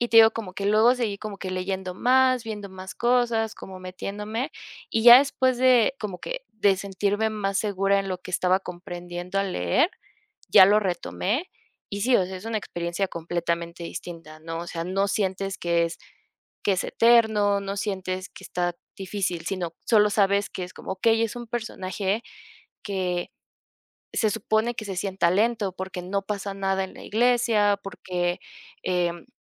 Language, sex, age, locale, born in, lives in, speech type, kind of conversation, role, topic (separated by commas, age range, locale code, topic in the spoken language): Spanish, female, 25-29, es-MX, Mexico, Mexico, conversation, podcast, guest, ¿Qué es lo que más disfrutas al leer libros o cómics?